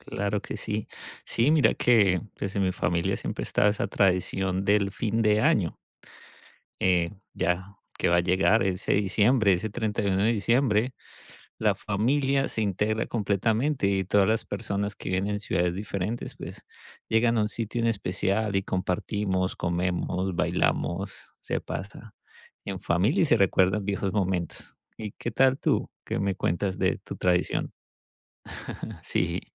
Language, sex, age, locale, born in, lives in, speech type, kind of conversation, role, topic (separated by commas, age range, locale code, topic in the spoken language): Spanish, male, 40-44, es-CO, Colombia, United States, conversation, unstructured, none, ¿Tienes alguna tradición familiar que te guste mucho?